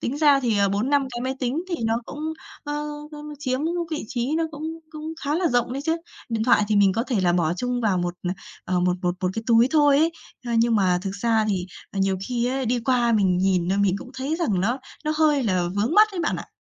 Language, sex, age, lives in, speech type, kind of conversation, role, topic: Vietnamese, female, 30-34, Vietnam, conversation, advice, user, Làm sao để bớt gắn bó cảm xúc với đồ đạc và dọn bớt đồ?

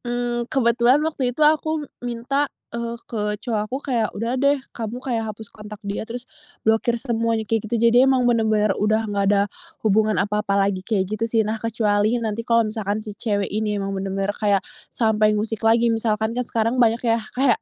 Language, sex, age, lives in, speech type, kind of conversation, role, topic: Indonesian, female, 20-24, Indonesia, conversation, podcast, guest, Pernahkah kamu kehilangan momen penting karena mengecek ponsel?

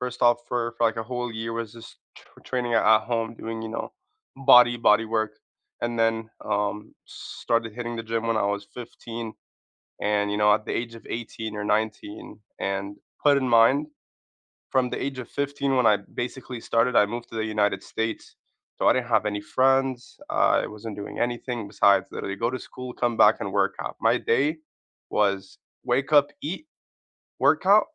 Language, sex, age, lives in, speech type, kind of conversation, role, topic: English, male, 25-29, United States, conversation, unstructured, none, How do you think exercise affects your energy levels?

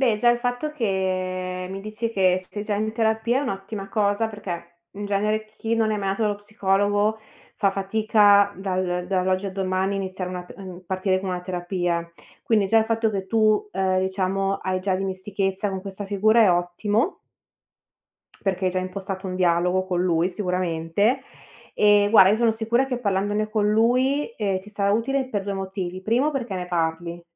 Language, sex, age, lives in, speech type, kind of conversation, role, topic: Italian, female, 30-34, Italy, conversation, advice, advisor, Come posso superare la paura di mostrarmi vulnerabile in una relazione?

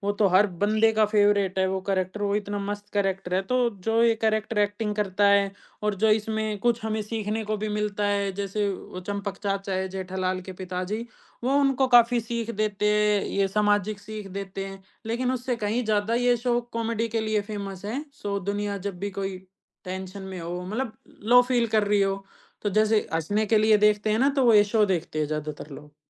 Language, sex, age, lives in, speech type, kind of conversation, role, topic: Hindi, male, 20-24, India, conversation, podcast, guest, Kaunsa TV serial tumhe sabse zyada baandh kar rakhta tha?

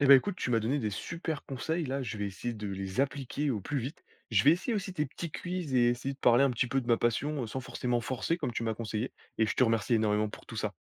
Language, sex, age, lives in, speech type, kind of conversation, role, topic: French, male, 20-24, France, read, expert-assistant, asker, Quels trucs aident à garder le lien avec ses amis quand on fait partie de plusieurs cercles sociaux ?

